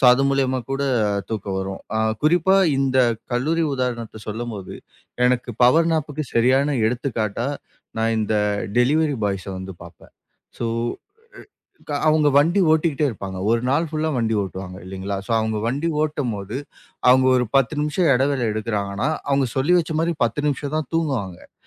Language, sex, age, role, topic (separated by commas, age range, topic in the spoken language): Tamil, male, 25-29, guest, சிறிது நேரத் தூக்கம் (நாப்) எடுப்பது குறித்து உங்கள் அனுபவம் என்ன?